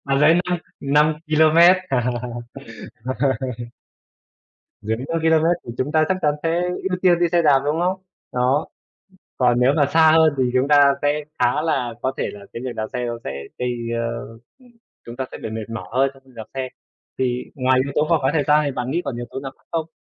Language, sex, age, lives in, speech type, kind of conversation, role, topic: Vietnamese, male, 25-29, Vietnam, conversation, unstructured, none, Bạn nghĩ gì về việc đi xe đạp so với đi xe máy?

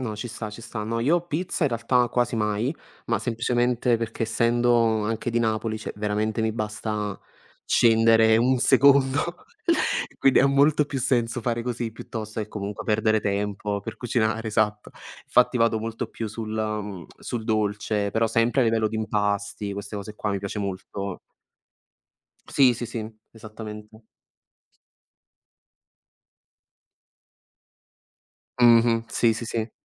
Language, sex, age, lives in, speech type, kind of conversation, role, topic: Italian, male, 20-24, Italy, conversation, unstructured, none, Ti piace cucinare insieme a qualcuno e com’è di solito l’esperienza?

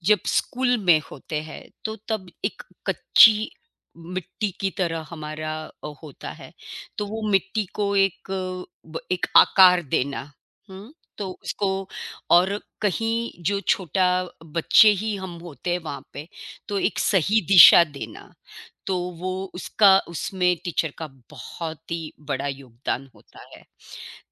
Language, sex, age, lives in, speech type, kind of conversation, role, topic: Hindi, female, 55-59, United States, conversation, unstructured, none, क्या आपको कभी किसी शिक्षक से प्रेरणा मिली है, और कैसे?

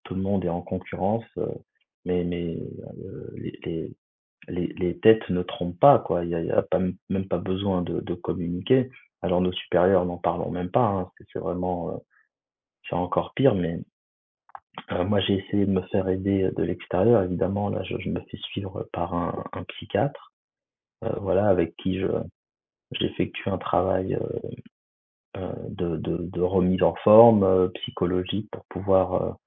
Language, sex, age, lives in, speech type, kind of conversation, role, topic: French, male, 35-39, France, conversation, advice, user, Pourquoi est-ce que je me sens coupable de prendre un arrêt maladie pour un burn-out ?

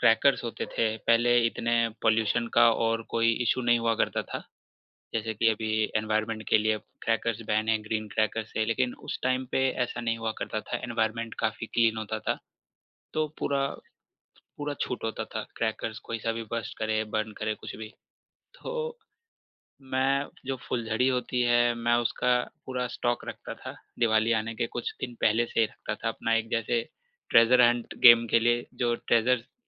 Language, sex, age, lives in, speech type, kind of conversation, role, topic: Hindi, male, 25-29, India, conversation, podcast, guest, Kya tumhari koi tyohaar ki aisi yaad hai jo aaj bhi dil chhoo jaati hai?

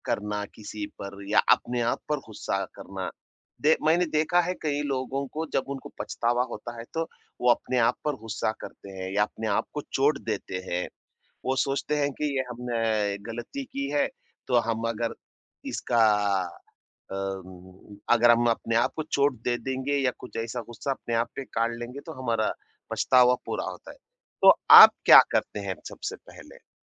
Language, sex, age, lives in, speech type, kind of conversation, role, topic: Hindi, male, 30-34, India, conversation, podcast, host, जब पछतावा सताने लगता है, तो आप सबसे पहले क्या करते हैं?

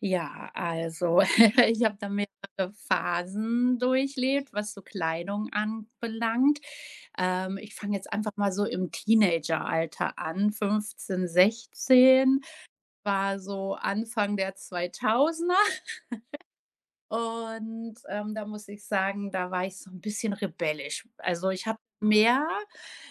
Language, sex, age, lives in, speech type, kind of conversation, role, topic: German, female, 35-39, Germany, conversation, podcast, guest, Wie hat sich dein Kleidungsstil im Laufe der Jahre verändert?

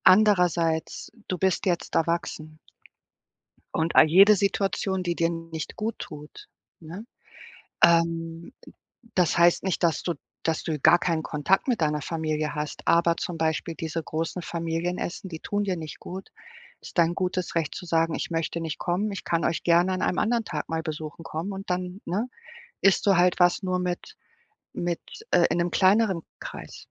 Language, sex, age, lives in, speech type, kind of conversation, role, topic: German, female, 40-44, Portugal, conversation, advice, advisor, Was kann ich tun, wenn ich mich an Feiertagen und bei großen Zusammenkünften oft unwohl fühle?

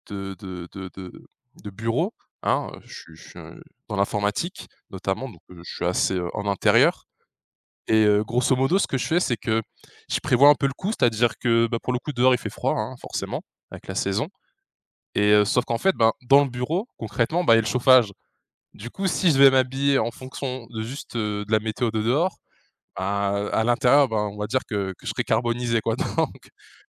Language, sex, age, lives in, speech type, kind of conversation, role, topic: French, male, 20-24, France, conversation, podcast, guest, Comment décrirais-tu ton style personnel ?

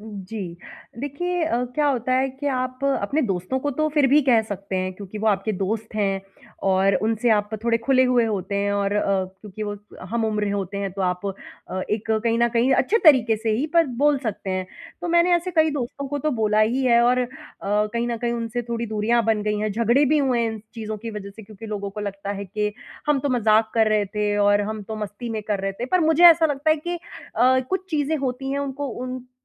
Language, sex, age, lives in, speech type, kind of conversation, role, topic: Hindi, female, 30-34, India, conversation, advice, user, मैं भावनात्मक बोझ से जल्दी कैसे उबरूँ और शांत कैसे महसूस करूँ?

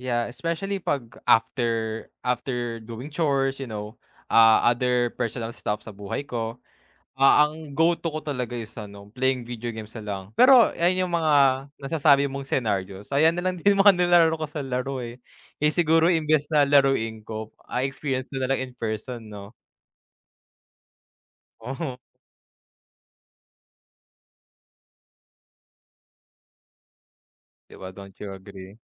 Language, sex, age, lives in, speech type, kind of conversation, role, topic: Filipino, male, 20-24, Philippines, conversation, unstructured, none, Anong simpleng gawain ang nagpapasaya sa araw mo?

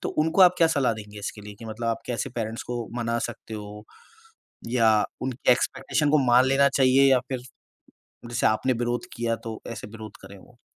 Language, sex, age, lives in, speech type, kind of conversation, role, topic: Hindi, male, 30-34, India, conversation, podcast, host, Parents ki expectations se cope karne ke liye aap kya tips doge?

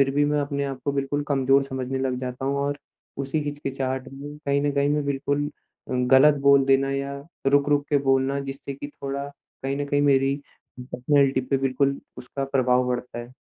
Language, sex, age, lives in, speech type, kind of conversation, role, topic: Hindi, male, 25-29, India, conversation, advice, user, मेरा लक्ष्य पूरा करने का उत्साह क्यों कम हो गया है और मैं इसे कैसे वापस ला सकता/सकती हूँ?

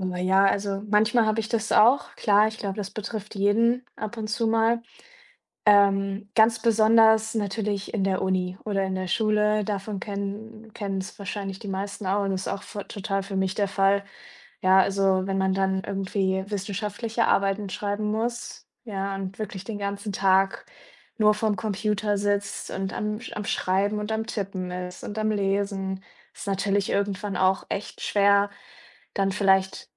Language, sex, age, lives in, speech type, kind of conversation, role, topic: German, female, 25-29, Germany, conversation, podcast, guest, Wie findest du neue Motivation, wenn dir die Lust nachlässt?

